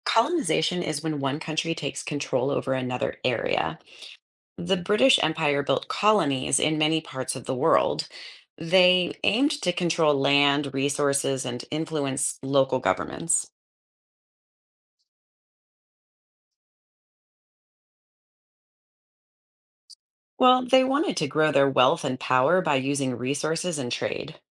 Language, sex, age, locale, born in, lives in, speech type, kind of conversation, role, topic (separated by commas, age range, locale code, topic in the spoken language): English, female, 35-39, en-US, United States, United States, read, expert-assistant, expert, What was the role of the British Empire in global colonization?